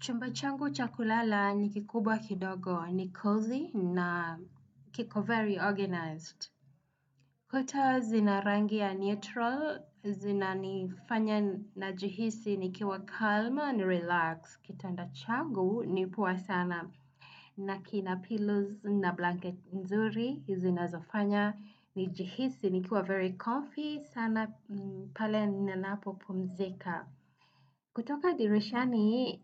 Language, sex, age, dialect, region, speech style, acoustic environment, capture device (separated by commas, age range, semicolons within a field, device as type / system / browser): Swahili, female, 35-44, Kenya - Nairobi Sheng-influenced, Kenya, happy; anxious; nervous; excited, people talking; traffic; music, desktop / Windows / Chrome